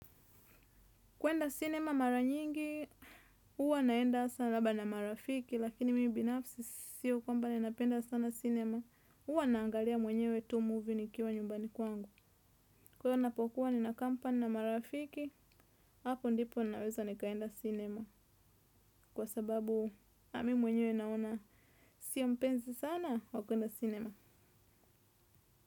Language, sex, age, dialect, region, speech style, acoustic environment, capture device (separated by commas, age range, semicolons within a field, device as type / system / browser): Swahili, female, 25-34, Tanzania - Dar es Salaam, Tanzania, relaxed, nature; silence; appliances, desktop / Windows / Chrome